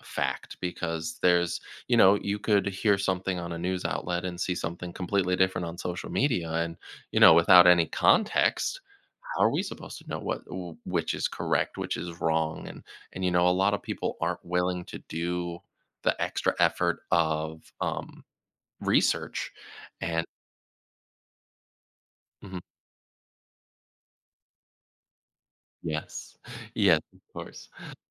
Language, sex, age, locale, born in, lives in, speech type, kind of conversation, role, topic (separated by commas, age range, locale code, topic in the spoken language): English, male, 30-34, en-US, United States, United States, conversation, podcast, guest, How is social media changing the way we discover and trust news?